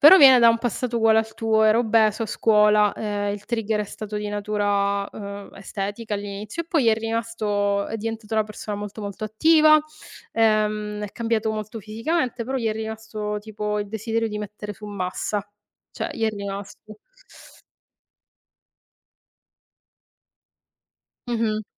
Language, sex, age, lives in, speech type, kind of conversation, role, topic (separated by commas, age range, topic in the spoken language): Italian, female, 35-39, Italy, conversation, unstructured, none, Come integri l’attività fisica nella tua routine quotidiana?